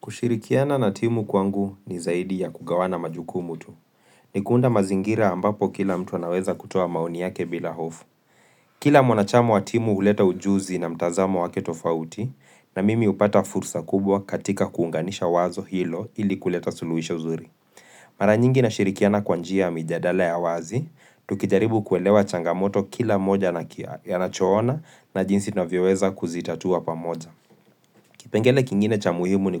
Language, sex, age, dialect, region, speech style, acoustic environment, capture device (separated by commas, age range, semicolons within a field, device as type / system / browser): Swahili, male, 25-34, Kenya - Nairobi Sheng-influenced, Kenya, focused; relaxed, silence; people talking, mobile / Linux / Chrome